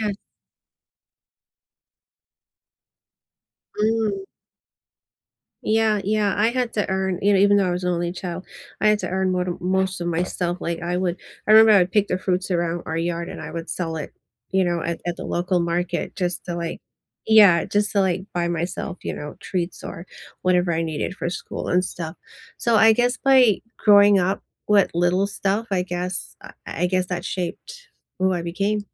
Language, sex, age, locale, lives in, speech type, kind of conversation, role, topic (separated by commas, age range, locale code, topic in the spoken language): English, female, 40-44, en-US, United States, conversation, unstructured, none, Do you prefer learning alone or with others?